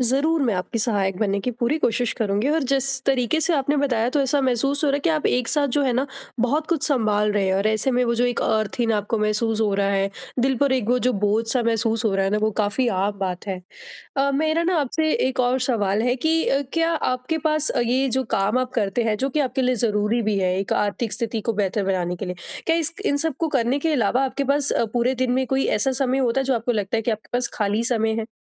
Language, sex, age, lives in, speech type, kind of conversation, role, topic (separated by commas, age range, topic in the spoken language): Hindi, female, 25-29, India, conversation, advice, advisor, मैं रोज़मर्रा की उबाऊ ज़िम्मेदारियों में अर्थ और संतोष कैसे पा सकता हूँ?